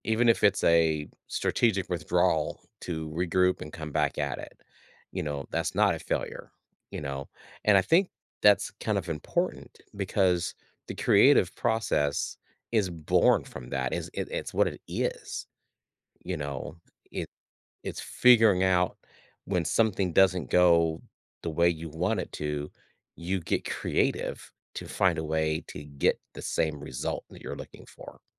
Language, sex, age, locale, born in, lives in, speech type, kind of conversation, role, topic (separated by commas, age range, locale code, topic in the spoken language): English, male, 55-59, en-US, United States, United States, conversation, podcast, guest, How can learning from failure help us become more creative?